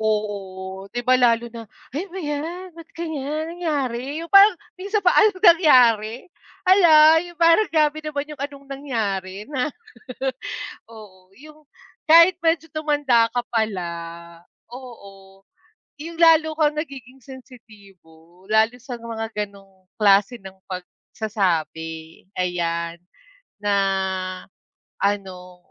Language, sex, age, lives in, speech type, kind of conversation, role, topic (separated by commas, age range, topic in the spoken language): Filipino, female, 35-39, Philippines, conversation, unstructured, none, Ano ang pakiramdam kapag pinipilit kang baguhin ang iyong sarili?